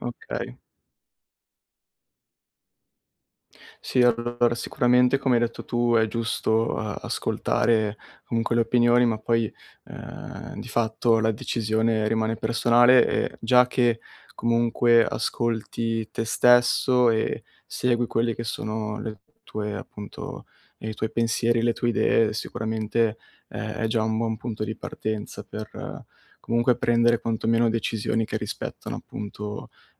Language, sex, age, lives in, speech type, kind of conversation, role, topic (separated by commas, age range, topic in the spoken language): Italian, male, 20-24, Italy, conversation, advice, advisor, Come posso affrontare il disorientamento dopo molti cambiamenti e la sensazione di aver perso la normalità?